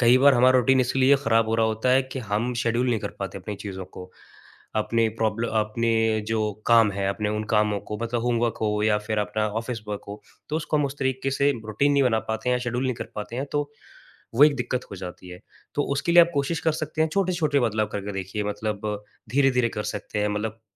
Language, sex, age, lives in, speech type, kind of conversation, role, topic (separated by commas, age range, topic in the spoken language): Hindi, male, 25-29, India, conversation, advice, advisor, सुबह नियमित उठने की आदत कैसे डालूँ?